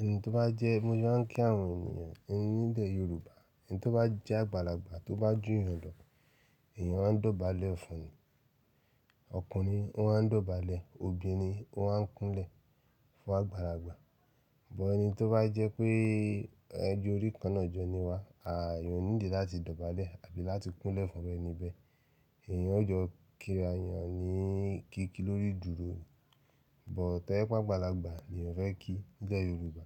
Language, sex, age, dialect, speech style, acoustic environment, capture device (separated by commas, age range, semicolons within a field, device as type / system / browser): Yoruba, male, 35-44, Nigeria - Nigerian Standard, relaxed, nature, mobile / Linux / Chrome